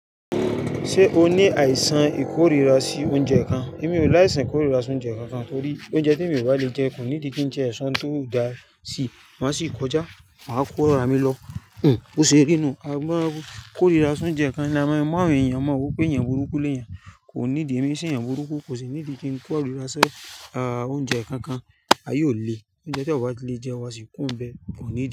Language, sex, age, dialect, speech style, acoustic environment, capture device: Yoruba, male, 35-44, Nigeria - Lagos Yoruba, excited; relaxed, nature, mobile / Linux / Chrome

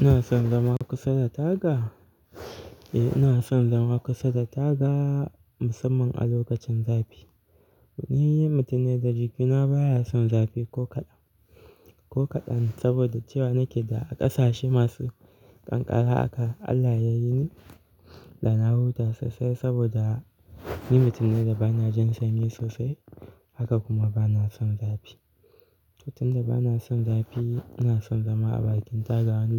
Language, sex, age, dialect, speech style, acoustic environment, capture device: Hausa, male, 18-24, Nigeria - Kano, relaxed; focused, nature; silence; appliances, mobile / Linux / Chrome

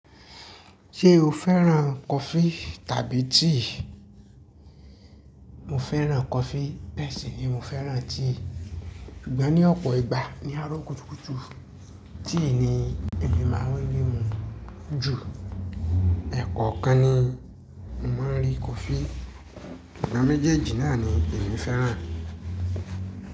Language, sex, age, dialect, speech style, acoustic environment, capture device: Yoruba, male, 25-34, Nigeria - Ibadan, relaxed, appliances, mobile / Linux / Chrome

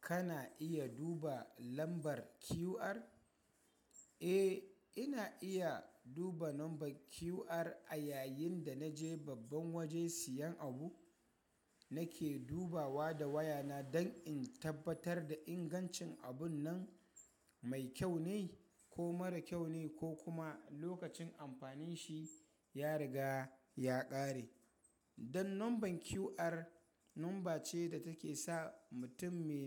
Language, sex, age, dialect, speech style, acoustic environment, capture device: Hausa, male, 25-34, Nigeria - Kano, happy; excited, people talking; nature, mobile / Linux / Chrome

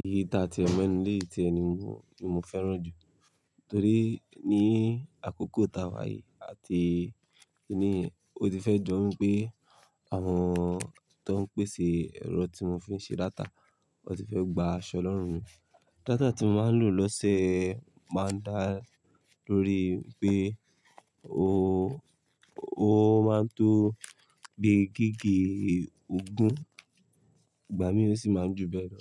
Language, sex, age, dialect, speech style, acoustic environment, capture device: Yoruba, male, 25-34, Nigeria - Lagos Yoruba, relaxed, nature, mobile / Linux / Chrome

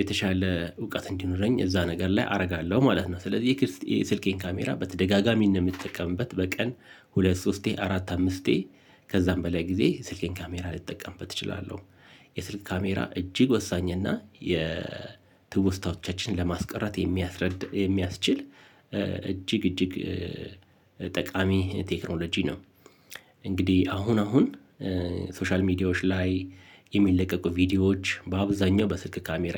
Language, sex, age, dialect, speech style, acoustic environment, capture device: Amharic, male, 45-54, Ethiopia - Addis Ababa, relaxed, silence, mobile / Linux / Chrome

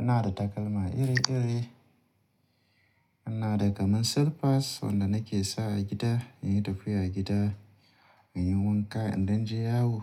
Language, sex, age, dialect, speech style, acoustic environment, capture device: Hausa, male, 35-44, Nigeria - Kano, relaxed, nature; appliances; people talking, mobile / Linux / Chrome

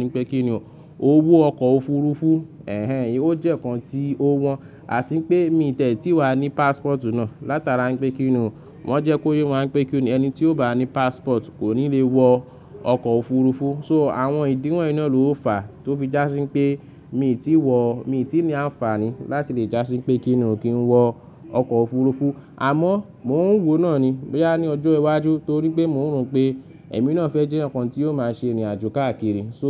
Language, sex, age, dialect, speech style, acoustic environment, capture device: Yoruba, male, 25-34, Nigeria - Lagos Yoruba, happy; nervous, nature, mobile / Linux / Chrome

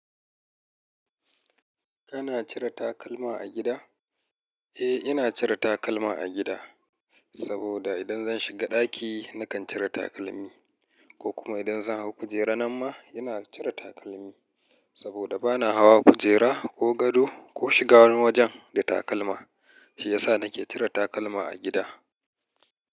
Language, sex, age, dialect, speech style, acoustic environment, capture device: Hausa, male, 35-44, Nigeria - Kano, happy; relaxed; excited, nature, mobile / Linux / Chrome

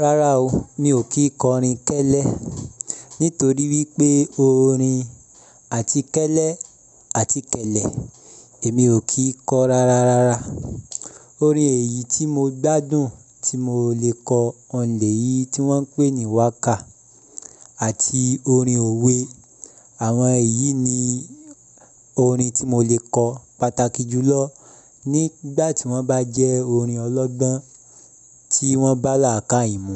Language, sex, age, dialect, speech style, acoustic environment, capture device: Yoruba, male, 25-34, Nigeria - Ibadan, happy; relaxed; excited; focused, silence, mobile / Linux / Chrome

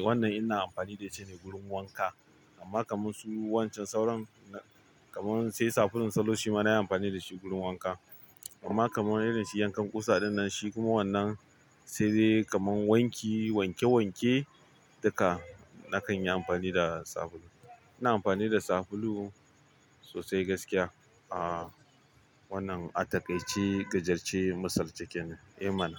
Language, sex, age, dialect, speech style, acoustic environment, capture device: Hausa, male, 25-34, Nigeria - Kano, happy, traffic, mobile / Linux / Chrome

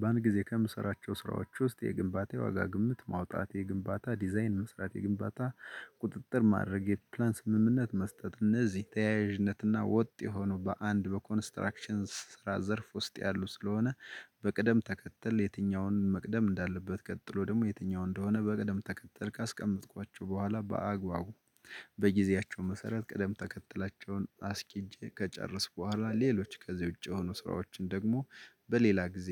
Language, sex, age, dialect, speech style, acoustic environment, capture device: Amharic, male, 35-44, Ethiopia - Gojjam, happy, nature, desktop / Linux / Chrome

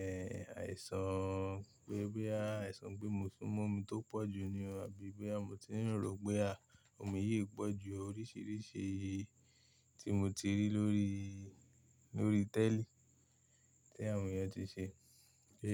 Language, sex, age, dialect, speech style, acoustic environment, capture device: Yoruba, male, 18-24, Nigeria - Ibadan, happy, silence; nature, mobile / Linux / Chrome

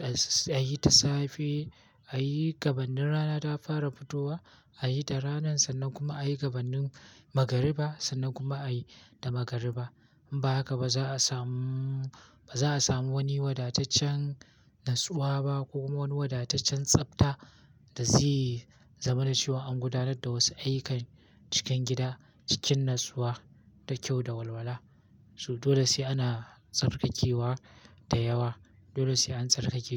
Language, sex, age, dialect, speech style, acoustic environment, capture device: Hausa, male, 18-24, Nigeria - Kano, happy, nature; silence, mobile / Linux / Chrome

